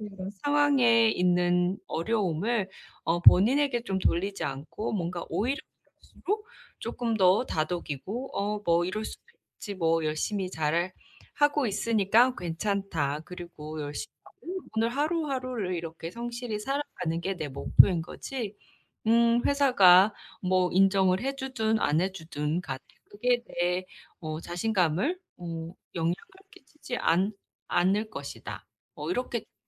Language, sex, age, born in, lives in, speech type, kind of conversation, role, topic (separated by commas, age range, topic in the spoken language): Korean, female, 30-34, South Korea, United States, conversation, advice, advisor, 예측하기 어려운 상황에서 자신감을 유지하려면 어떻게 해야 하나요?